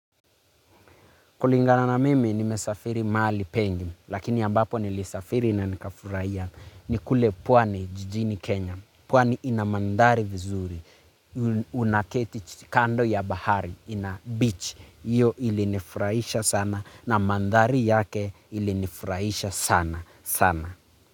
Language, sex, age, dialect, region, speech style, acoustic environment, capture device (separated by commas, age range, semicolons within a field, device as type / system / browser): Swahili, male, 25-34, Kenya - Nairobi Sheng-influenced, Kenya, focused, nature, mobile / Linux / Chrome